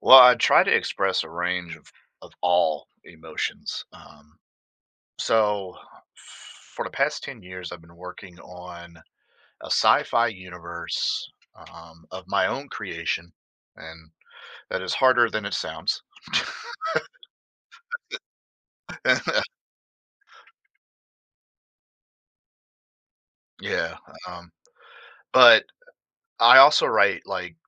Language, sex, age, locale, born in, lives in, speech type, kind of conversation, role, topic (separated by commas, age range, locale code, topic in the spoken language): English, male, 30-34, en-US, United States, United States, conversation, podcast, guest, Which emotions do you try to express through your creativity?